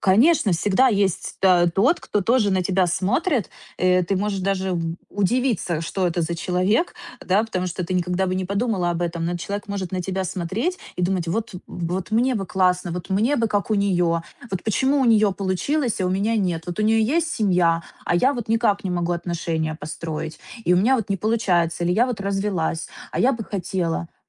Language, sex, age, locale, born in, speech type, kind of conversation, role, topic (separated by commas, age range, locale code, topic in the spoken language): Russian, female, 30-34, ru-RU, Ukraine, conversation, advice, advisor, Как перестать сравнивать свою жизнь с чужой в соцсетях?